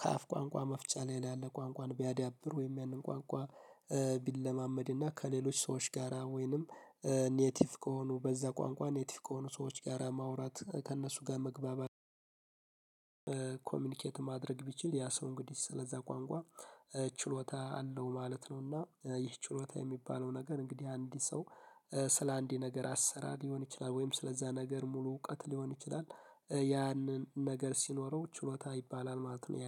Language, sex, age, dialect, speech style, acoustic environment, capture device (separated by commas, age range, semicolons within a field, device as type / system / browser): Amharic, male, 18-24, Ethiopia - Addis Ababa, happy; focused, nature; silence, mobile / Linux / Chrome